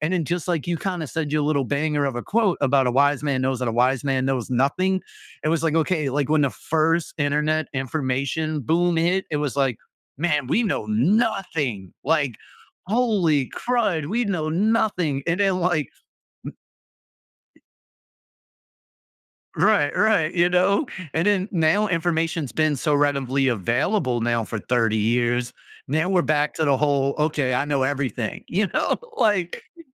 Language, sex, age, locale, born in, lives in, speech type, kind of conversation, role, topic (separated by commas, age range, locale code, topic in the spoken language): English, male, 35-39, en-US, United States, United States, conversation, podcast, host, What helps someone discover and express their personal style?